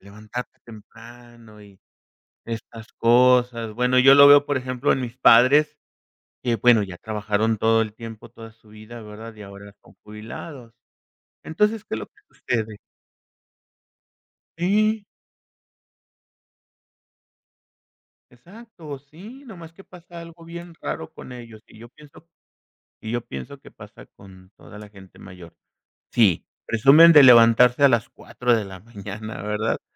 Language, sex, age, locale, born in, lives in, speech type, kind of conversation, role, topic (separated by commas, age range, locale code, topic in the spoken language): Spanish, male, 50-54, es-MX, Mexico, Mexico, conversation, podcast, guest, ¿Cómo incorporarías más movimiento en un día sedentario?